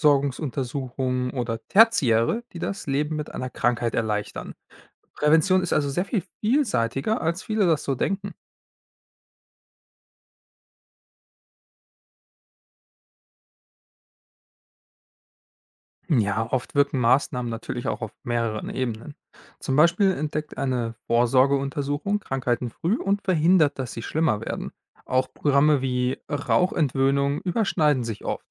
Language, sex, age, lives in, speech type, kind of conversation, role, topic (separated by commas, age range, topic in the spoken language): German, male, 25-29, Germany, read, expert-assistant, expert, Wie funktioniert Prävention im Gesundheitssystem und welche Rolle spielen Impfungen?